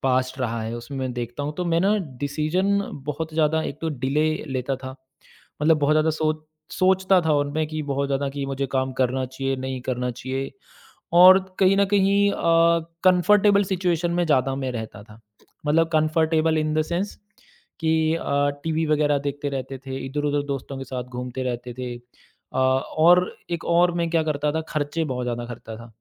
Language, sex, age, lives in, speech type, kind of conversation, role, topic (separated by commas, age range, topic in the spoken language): Hindi, male, 35-39, India, conversation, podcast, guest, Apne younger self ko purpose dhoondhne ke liye kya advice doge?